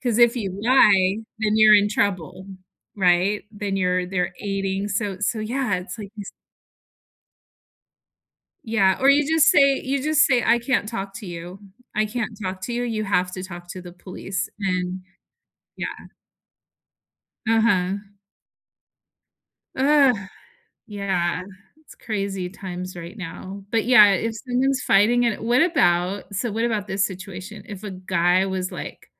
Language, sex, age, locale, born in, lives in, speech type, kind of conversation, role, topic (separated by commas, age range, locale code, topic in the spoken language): English, female, 50-54, en-US, United States, United States, conversation, unstructured, none, How do you respond when you see someone being treated unfairly?